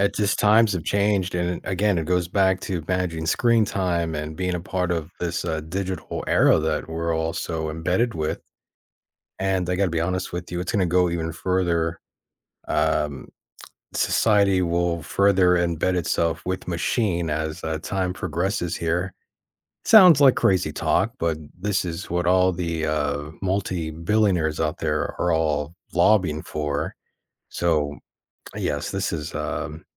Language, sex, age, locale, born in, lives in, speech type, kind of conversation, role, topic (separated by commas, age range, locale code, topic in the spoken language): English, male, 35-39, en-US, United States, United States, conversation, podcast, host, How do you create healthy boundaries with technology in your daily life?